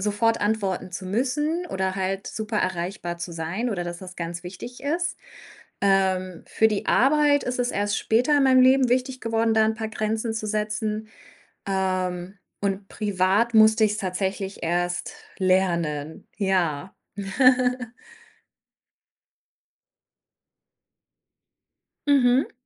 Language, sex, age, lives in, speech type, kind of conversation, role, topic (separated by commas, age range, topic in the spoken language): German, female, 30-34, Germany, conversation, podcast, guest, Wie setzt du Grenzen, damit du nicht ständig erreichbar sein musst?